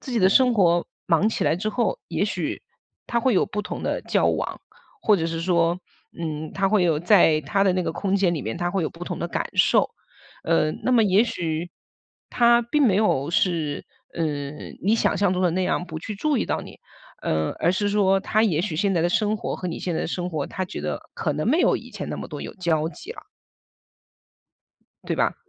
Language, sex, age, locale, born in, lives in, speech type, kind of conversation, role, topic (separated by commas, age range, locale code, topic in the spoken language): Chinese, female, 40-44, zh-CN, China, United States, conversation, advice, advisor, 当一段友情变成你单方面付出并让你感到疲惫时，你该怎么办？